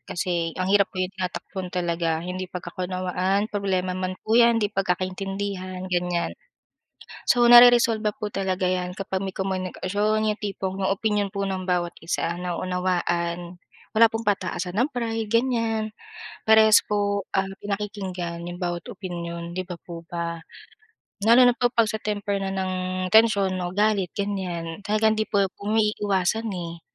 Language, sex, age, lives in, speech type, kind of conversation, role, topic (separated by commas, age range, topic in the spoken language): Filipino, female, 25-29, Philippines, conversation, unstructured, none, Ano ang papel ng komunikasyon sa pagresolba ng hindi pagkakaunawaan?